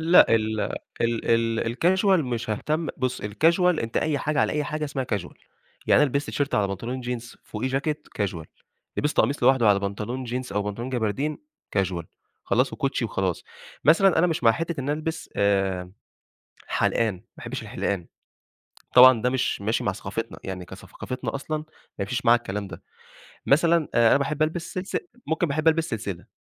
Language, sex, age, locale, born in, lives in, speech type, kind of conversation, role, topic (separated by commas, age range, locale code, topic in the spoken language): Arabic, male, 25-29, ar-EG, Egypt, Egypt, conversation, podcast, guest, إزاي بتلبس عشان تبيّن شخصيتك من غير ما تتكلم؟